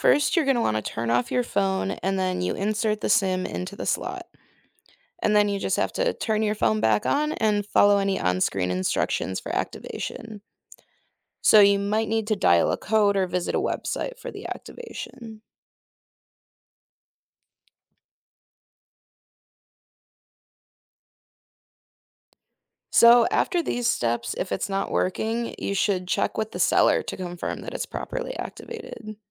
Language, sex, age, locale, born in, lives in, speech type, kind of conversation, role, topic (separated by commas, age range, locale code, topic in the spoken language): English, female, 20-24, en-US, United States, United States, read, expert-assistant, expert, How do I get local sim cards when traveling internationally?